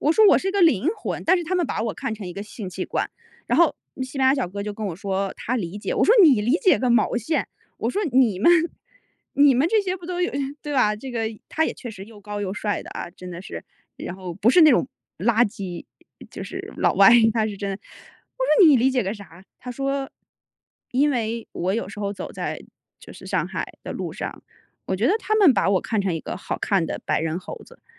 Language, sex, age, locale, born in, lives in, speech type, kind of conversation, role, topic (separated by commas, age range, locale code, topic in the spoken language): Chinese, female, 35-39, zh-CN, China, United States, conversation, podcast, guest, 你能讲讲一次偶然邂逅的故事吗？